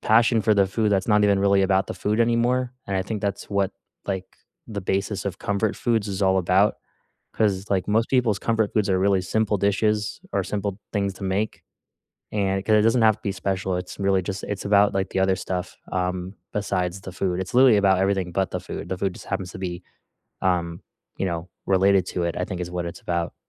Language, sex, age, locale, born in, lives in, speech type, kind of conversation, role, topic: English, male, 20-24, en-US, United States, United States, conversation, unstructured, none, Which childhood comfort foods still warm your heart today, and what memories make them special?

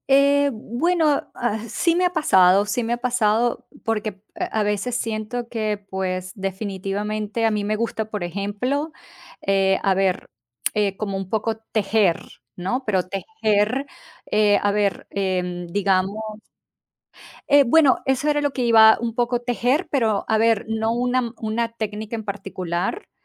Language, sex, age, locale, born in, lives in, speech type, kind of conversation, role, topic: Spanish, female, 45-49, es-VE, Venezuela, United States, conversation, unstructured, none, ¿Crees que hay pasatiempos que deberían ser menospreciados o juzgados?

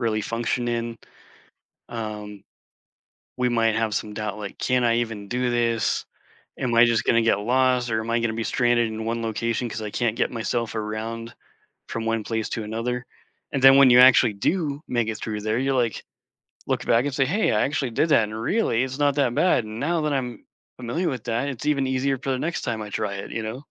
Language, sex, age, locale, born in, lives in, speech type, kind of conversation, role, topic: English, male, 40-44, en-US, United States, United States, conversation, podcast, host, How can travel shape your perspective or personal growth?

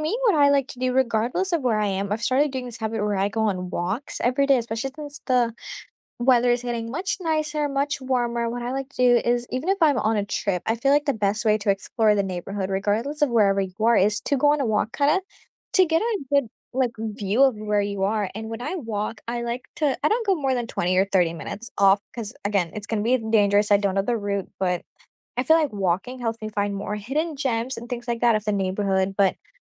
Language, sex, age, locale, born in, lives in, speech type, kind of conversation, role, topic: English, female, 18-19, en-US, India, United States, conversation, unstructured, none, What is one small morning habit that has recently improved your mornings, and how did you start it?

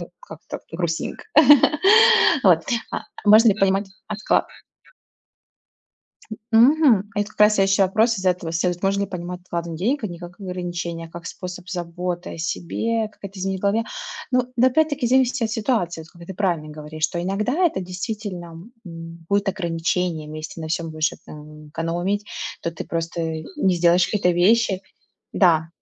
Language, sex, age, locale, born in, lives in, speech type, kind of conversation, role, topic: Russian, female, 30-34, ru-RU, Russia, United States, conversation, unstructured, none, Почему многим людям сложно регулярно откладывать деньги?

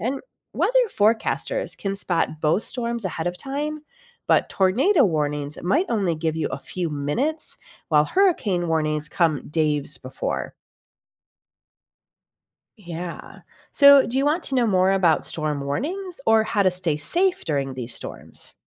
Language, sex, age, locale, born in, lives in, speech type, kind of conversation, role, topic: English, female, 45-49, en-US, United States, United States, read, expert-assistant, expert, What makes a tornado different from a hurricane?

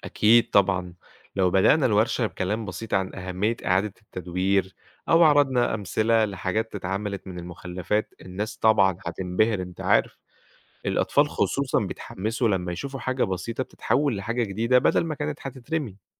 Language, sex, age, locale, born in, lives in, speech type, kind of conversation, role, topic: Arabic, male, 25-29, ar-EG, Egypt, Egypt, read, expert-assistant, expert, إيه الحلول المبتكرة اللي ممكن نعملها عشان نقلل تلوث البلاستيك في المحيطات والبحار؟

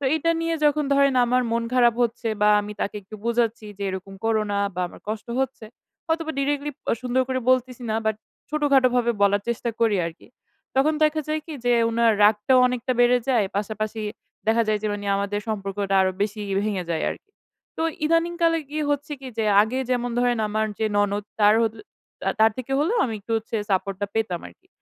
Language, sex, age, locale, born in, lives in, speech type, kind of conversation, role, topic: Bengali, female, 20-24, bn-BD, Bangladesh, Bangladesh, conversation, advice, user, সম্পর্কের ভেতরের বিবাদ আমি কীভাবে শান্তভাবে মীমাংসা করতে পারি?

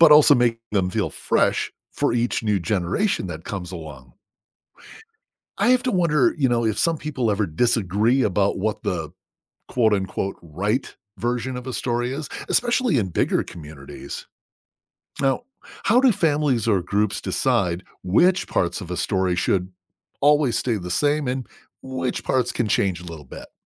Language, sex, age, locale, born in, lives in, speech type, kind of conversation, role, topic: English, male, 50-54, en-US, United States, United States, read, expert-assistant, asker, How do cultures use storytelling to transmit knowledge?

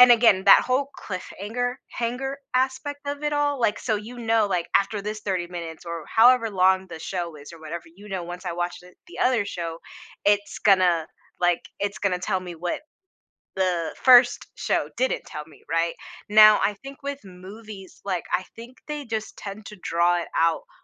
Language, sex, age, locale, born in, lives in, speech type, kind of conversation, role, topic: English, female, 25-29, en-US, United States, United States, conversation, podcast, guest, What draws people in and keeps them watching episode after episode of a TV show?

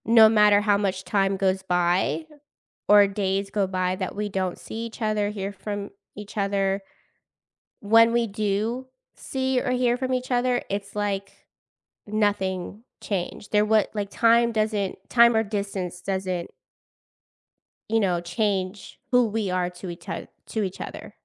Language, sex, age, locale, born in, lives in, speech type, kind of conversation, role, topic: English, female, 30-34, en-US, United States, United States, conversation, podcast, host, What factors shape the way our friendships grow and evolve throughout life?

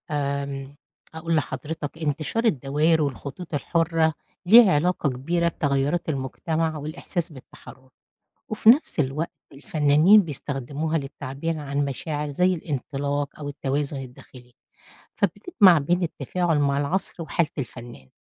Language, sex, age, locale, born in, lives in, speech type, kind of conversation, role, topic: Arabic, female, 50-54, ar-EG, Egypt, United States, read, expert-assistant, expert, إزاي الألوان والخطوط بتأثر على الإحساس اللي بتدينا اللوحة؟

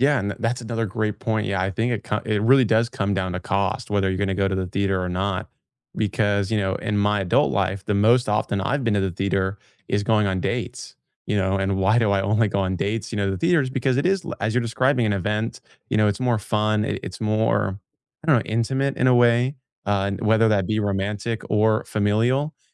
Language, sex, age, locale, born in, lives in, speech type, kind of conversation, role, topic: English, male, 20-24, en-US, United States, United States, conversation, unstructured, none, Do you prefer streaming at home or a night out at the theater?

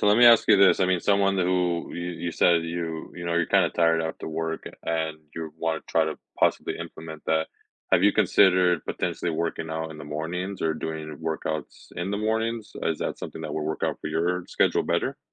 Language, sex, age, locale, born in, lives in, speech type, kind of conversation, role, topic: English, male, 25-29, en-US, United States, United States, conversation, advice, advisor, How can I find energy to exercise after a long, tiring workday?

